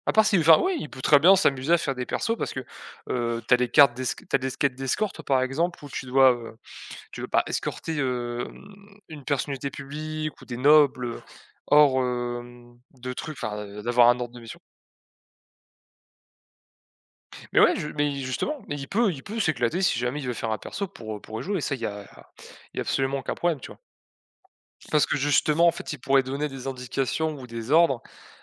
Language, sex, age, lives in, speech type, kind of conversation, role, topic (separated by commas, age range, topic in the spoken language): French, male, 20-24, France, conversation, unstructured, none, Aimez-vous davantage les soirées jeux de rôle ou les soirées quiz ?